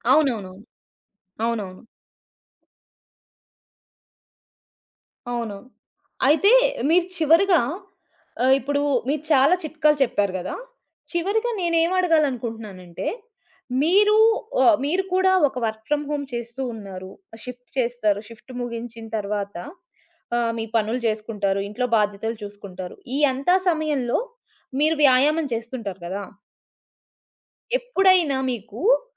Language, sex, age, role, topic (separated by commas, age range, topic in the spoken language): Telugu, female, 30-34, host, పరిమిత సమయం ఉన్నప్పటికీ ఆరోగ్యంగా జీవించడానికి మీరు పాటించే చిట్కాలు ఏమిటి?